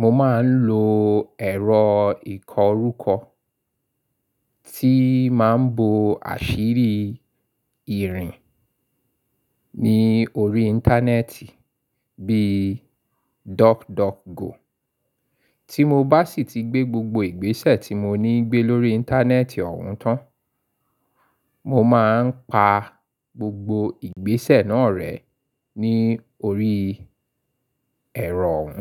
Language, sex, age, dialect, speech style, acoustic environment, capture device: Yoruba, male, 35-44, Nigeria - Lagos Yoruba, excited; focused; happy, silence, mobile / Linux / Chrome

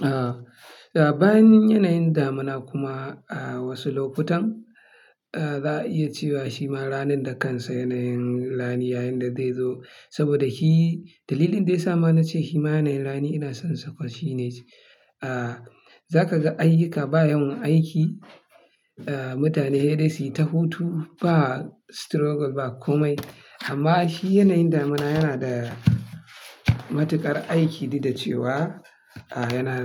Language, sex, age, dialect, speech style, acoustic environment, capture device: Hausa, male, 25-34, Nigeria - Kano, happy; excited; relaxed, silence, mobile / Linux / Chrome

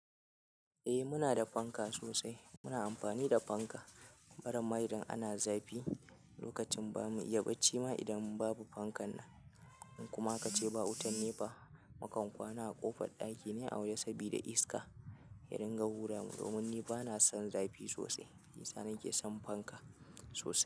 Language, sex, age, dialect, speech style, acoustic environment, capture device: Hausa, male, 25-34, Nigeria - Kano, happy; nervous; anxious; excited; tired; focused; relaxed; stressed, people talking; music; nature; silence; appliances; construction; traffic, mobile / Linux / Chrome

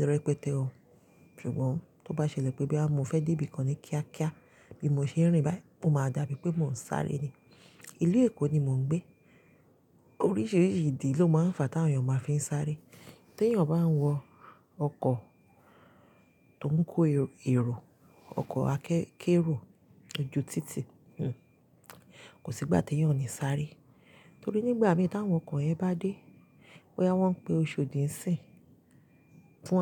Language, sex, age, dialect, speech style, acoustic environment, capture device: Yoruba, female, 35-44, Nigeria - Lagos Yoruba, relaxed; excited, silence, mobile / Linux / Chrome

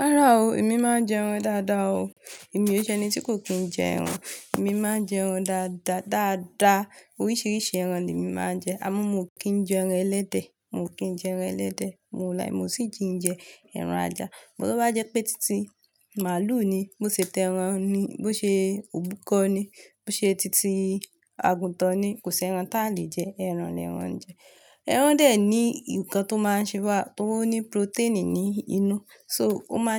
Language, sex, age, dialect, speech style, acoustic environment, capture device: Yoruba, female, 25-34, Nigeria - Lagos Yoruba, relaxed, nature, mobile / Linux / Chrome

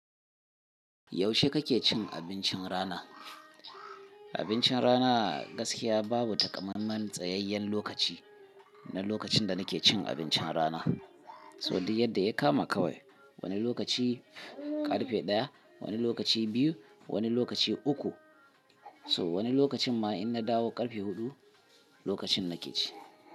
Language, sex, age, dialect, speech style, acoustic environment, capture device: Hausa, male, 35-44, Nigeria - Kano, tired, people talking, mobile / Linux / Chrome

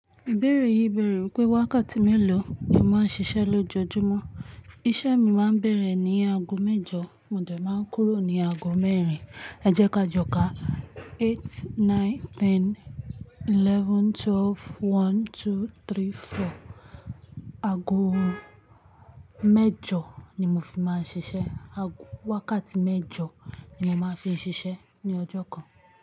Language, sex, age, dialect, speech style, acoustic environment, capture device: Yoruba, female, 18-24, Nigeria - Ibadan, focused, nature, mobile / Linux / Chrome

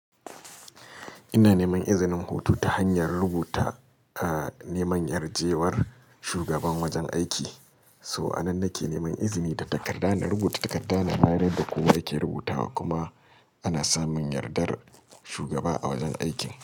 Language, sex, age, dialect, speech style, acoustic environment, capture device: Hausa, male, 35-44, Nigeria - Kano, relaxed, silence, mobile / Linux / Chrome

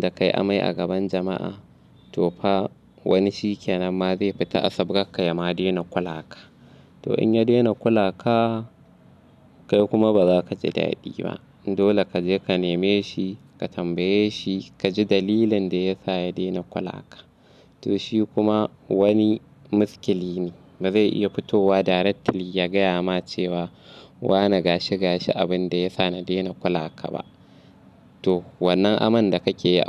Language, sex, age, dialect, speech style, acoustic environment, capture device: Hausa, male, 25-34, Nigeria - Kano, happy, nature, mobile / Linux / Chrome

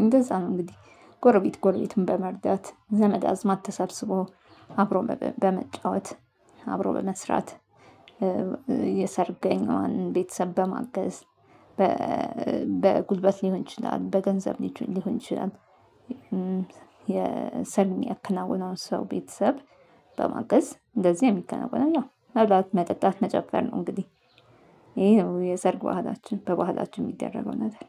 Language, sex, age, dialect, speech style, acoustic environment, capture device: Amharic, female, 35-44, Ethiopia - Addis Ababa, focused, nature, mobile / Linux / Chrome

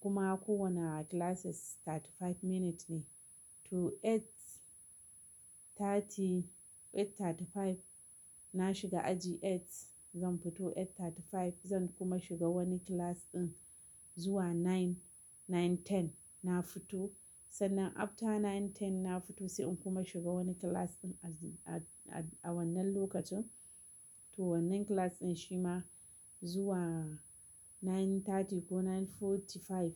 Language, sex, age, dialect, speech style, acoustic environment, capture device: Hausa, female, 35-44, Nigeria - Kano, happy, silence, mobile / Linux / Chrome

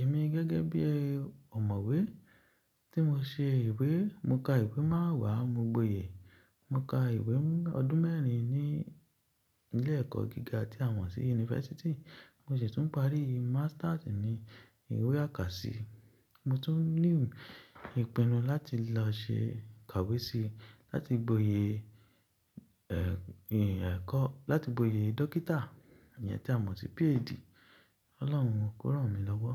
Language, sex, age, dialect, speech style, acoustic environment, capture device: Yoruba, male, 25-34, Nigeria - Ibadan, excited; relaxed; focused, silence, mobile / Linux / Chrome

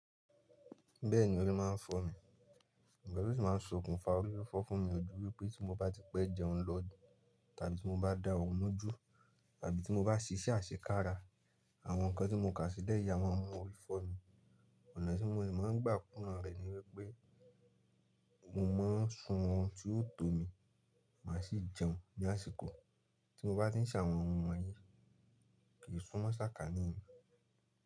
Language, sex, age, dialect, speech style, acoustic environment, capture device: Yoruba, male, 25-34, Nigeria - Nigerian Standard, relaxed; focused, appliances, mobile / Linux / Chrome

